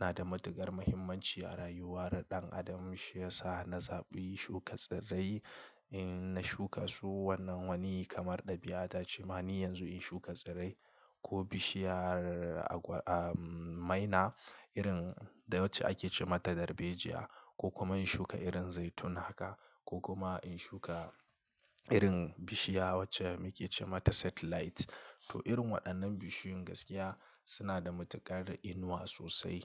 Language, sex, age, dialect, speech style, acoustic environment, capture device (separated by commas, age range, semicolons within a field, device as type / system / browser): Hausa, male, 25-34, Nigeria - Kano, relaxed; stressed, silence, mobile / Linux / Chrome